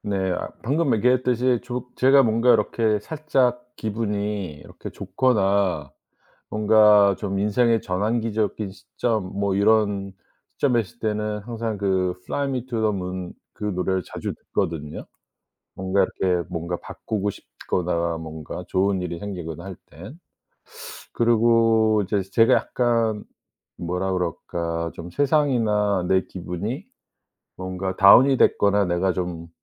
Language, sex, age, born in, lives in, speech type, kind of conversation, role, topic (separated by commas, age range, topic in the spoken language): Korean, male, 55-59, South Korea, United States, conversation, podcast, guest, 좋아하는 음악이나 재생 목록을 소개해 주실래요?